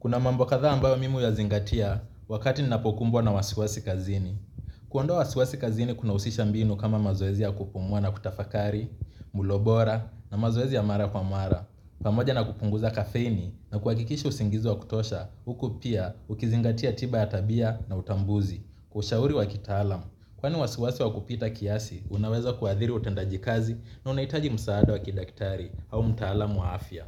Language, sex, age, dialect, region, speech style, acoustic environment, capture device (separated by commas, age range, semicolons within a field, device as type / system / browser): Swahili, male, 25-34, Kenya - Mombasa, Kenya, relaxed; focused, nature; people talking, mobile / Linux / Chrome